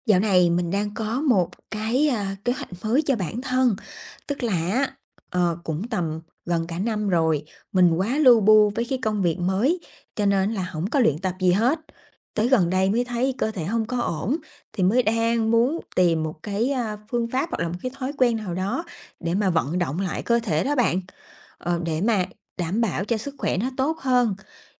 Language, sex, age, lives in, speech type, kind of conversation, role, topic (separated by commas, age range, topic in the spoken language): Vietnamese, female, 30-34, Vietnam, conversation, advice, user, Làm sao để bắt đầu xây dựng thói quen tự chăm sóc mỗi ngày?